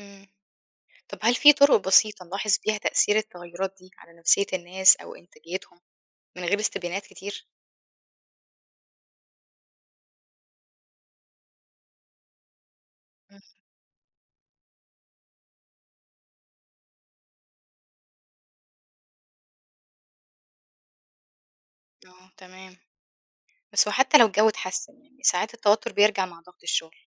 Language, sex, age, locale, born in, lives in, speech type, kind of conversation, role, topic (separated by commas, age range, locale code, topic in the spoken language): Arabic, female, 25-29, ar-EG, Egypt, Egypt, read, expert-assistant, asker, إزاي ممكن نعيد تصميم أماكن الشغل علشان تدعم الصحة النفسية أكتر وتقلل التوتر؟